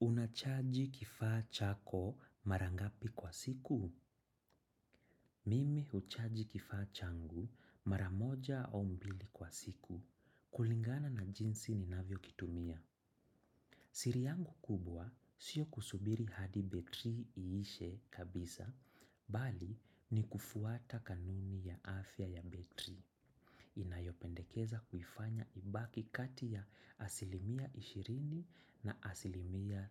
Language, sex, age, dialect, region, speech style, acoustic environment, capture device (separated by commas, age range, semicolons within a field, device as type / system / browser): Swahili, male, 25-34, Kenya - Nairobi Sheng-influenced, Kenya, anxious; excited; focused, silence, desktop / macOS / Chrome